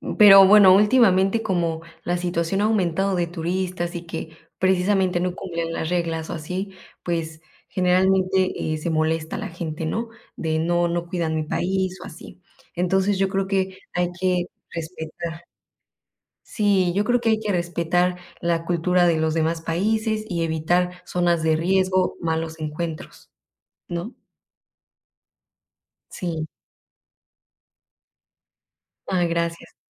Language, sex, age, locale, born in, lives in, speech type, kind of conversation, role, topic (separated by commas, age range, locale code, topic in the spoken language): Spanish, female, 25-29, es-MX, Mexico, Mexico, conversation, unstructured, none, ¿Alguna vez te han tratado mal por ser turista?